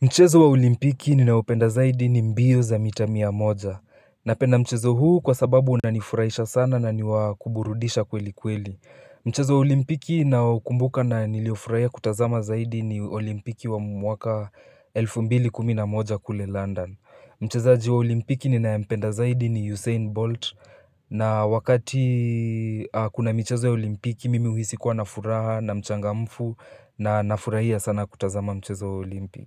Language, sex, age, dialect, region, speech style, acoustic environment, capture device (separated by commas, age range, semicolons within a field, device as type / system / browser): Swahili, male, 18-24, Kenya - Nairobi Sheng-influenced, Kenya, relaxed, silence, mobile / Linux / Chrome